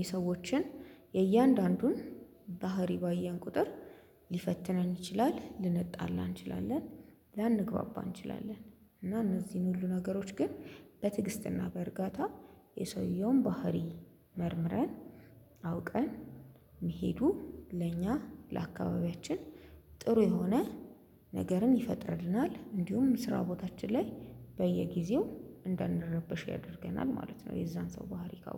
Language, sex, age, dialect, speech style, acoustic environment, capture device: Amharic, female, 25-34, Ethiopia - Addis Ababa, happy, silence; construction, mobile / Linux / Chrome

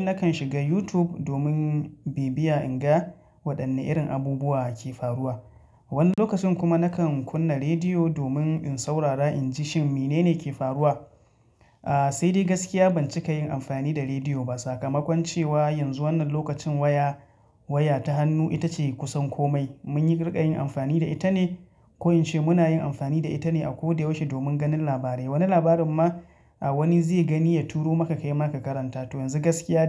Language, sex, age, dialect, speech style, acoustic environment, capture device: Hausa, male, 25-34, Nigeria - Katsina, relaxed, silence, mobile / Linux / Chrome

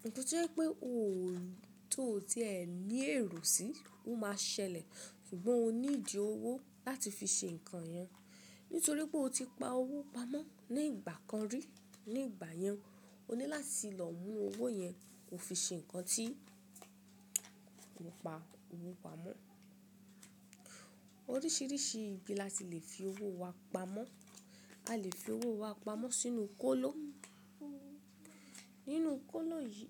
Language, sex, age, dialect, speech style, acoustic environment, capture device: Yoruba, female, 25-34, Nigeria - Ibadan, relaxed, people talking, mobile / Linux / Chrome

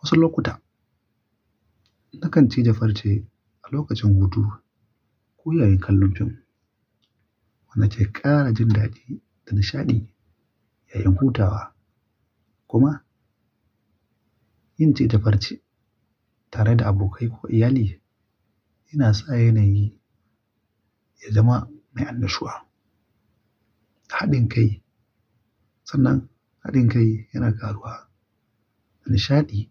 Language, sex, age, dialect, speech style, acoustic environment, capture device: Hausa, male, 25-34, Nigeria - Kano, relaxed; focused, nature, mobile / Linux / Chrome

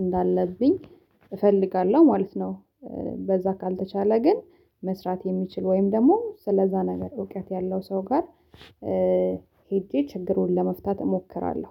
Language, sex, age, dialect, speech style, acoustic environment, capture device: Amharic, female, 25-34, Ethiopia - Addis Ababa, happy; excited, silence, mobile / Linux / Chrome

